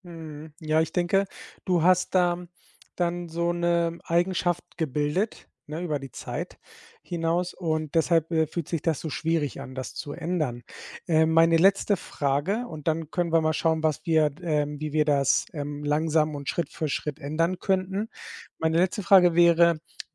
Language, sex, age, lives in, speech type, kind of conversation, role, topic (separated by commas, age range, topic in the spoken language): German, male, 40-44, Spain, conversation, advice, advisor, Wie beeinträchtigt Bildschirmzeit vor dem Einschlafen deine Abendroutine?